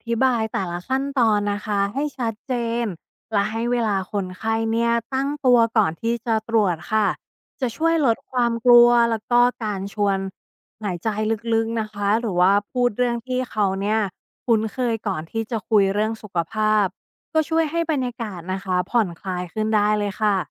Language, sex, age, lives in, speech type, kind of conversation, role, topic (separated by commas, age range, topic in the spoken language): Thai, female, 35-39, Thailand, read, expert-assistant, expert, มีวิธีไหนบ้างที่ช่วยให้พูดคุยกับผู้ป่วยอย่างเข้าใจและสร้างความไว้วางใจได้ดีขึ้น?